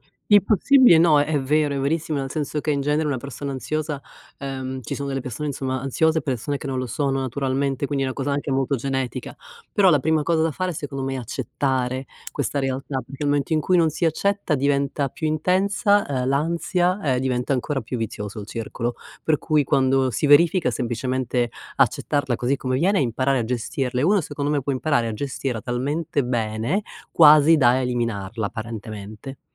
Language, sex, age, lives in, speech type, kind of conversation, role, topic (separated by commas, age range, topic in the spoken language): Italian, female, 50-54, United States, conversation, advice, advisor, Come posso gestire la paura del giudizio sociale prima di eventi o riunioni?